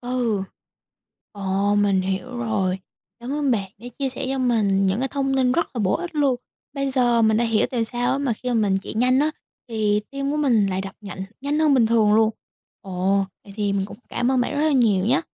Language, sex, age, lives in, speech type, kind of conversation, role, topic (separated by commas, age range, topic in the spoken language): Vietnamese, female, 18-19, United States, read, expert-assistant, asker, Vì sao khi ta chạy nhanh, tim lại đập mạnh hơn?